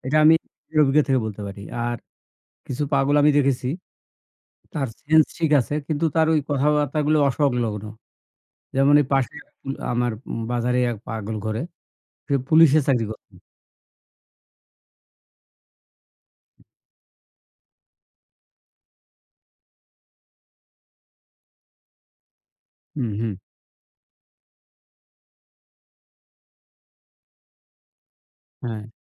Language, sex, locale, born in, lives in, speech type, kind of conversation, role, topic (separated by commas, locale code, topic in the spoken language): Bengali, male, bn-BD, Bangladesh, Bangladesh, conversation, unstructured, none, মানসিক অসুস্থতার কারণে মানুষকে অবজ্ঞা করা কি ঠিক?